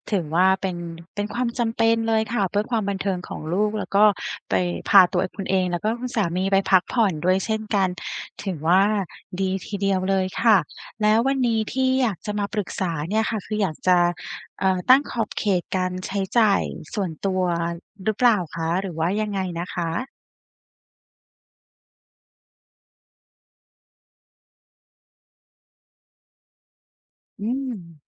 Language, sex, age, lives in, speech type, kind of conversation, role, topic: Thai, female, 35-39, Thailand, conversation, advice, advisor, ฉันควรตั้งขอบเขตการใช้จ่ายส่วนตัวอย่างไร และจะเข้าใจทริกเกอร์ทางจิตใจที่ทำให้ใช้เงินเกินได้อย่างไร?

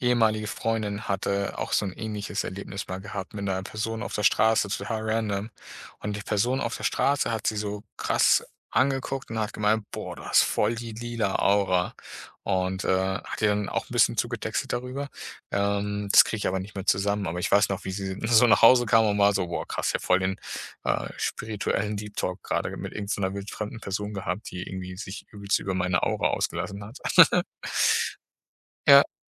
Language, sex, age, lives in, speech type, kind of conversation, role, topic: German, male, 30-34, Germany, conversation, podcast, host, Wie wichtig sind persönliche Treffen für tiefe Beziehungen deiner Meinung nach?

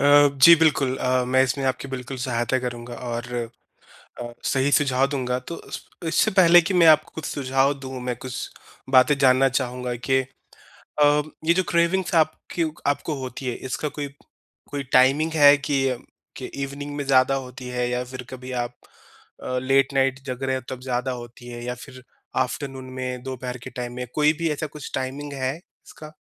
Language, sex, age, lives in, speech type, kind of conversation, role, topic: Hindi, male, 30-34, India, conversation, advice, advisor, मैं कैसे जानूँ कि मुझे सच में भूख लगी है या यह खाने की तीव्र इच्छा है?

